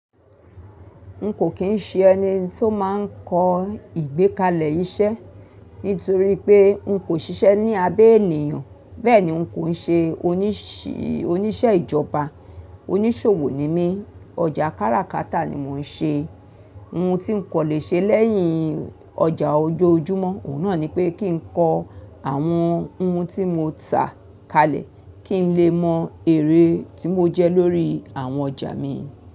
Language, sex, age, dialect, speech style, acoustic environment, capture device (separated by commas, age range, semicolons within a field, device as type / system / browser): Yoruba, female, 45-54, Nigeria - Lagos Yoruba, excited, nature, mobile / Linux / Chrome